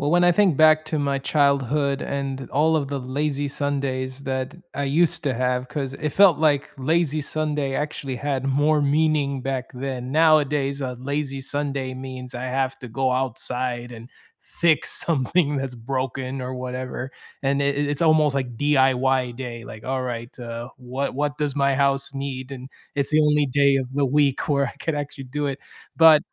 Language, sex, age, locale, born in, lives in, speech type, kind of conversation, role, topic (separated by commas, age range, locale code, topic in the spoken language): English, male, 30-34, en-US, United States, United States, conversation, unstructured, none, What would your perfect lazy Sunday look like, including the food, music, and simple pleasures that make it feel just right?